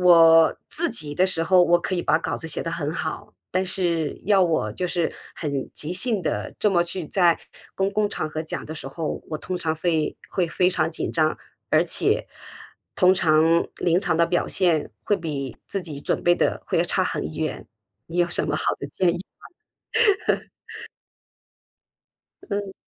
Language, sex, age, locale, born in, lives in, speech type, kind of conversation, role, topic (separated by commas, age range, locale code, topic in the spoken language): Chinese, female, 50-54, zh-CN, China, United States, conversation, advice, user, 在社交场合总是害怕被评判或被人注视，我该怎么办？